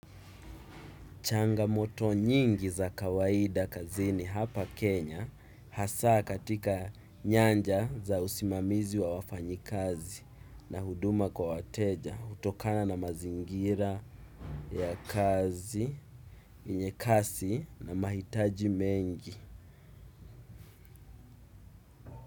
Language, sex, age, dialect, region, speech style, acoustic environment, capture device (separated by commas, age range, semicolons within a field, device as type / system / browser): Swahili, male, 18-24, Kenya - Mombasa, Kenya, excited, music, desktop / Windows / Chrome